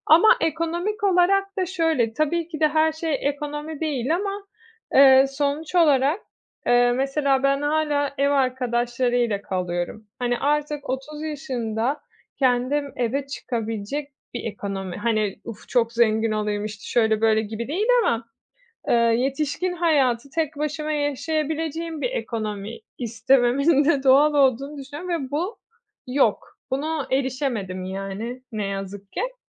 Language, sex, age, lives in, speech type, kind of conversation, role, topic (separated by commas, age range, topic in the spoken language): Turkish, female, 30-34, Italy, conversation, advice, user, İşten ayrılma zamanının gelip gelmediğini nasıl anlayabilirim?